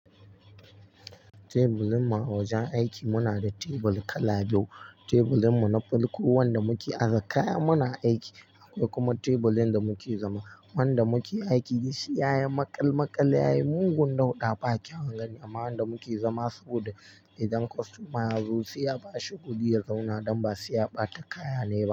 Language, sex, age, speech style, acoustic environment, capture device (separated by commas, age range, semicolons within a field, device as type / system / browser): Hausa, male, 18-24, relaxed; focused, silence, mobile / Linux / Chrome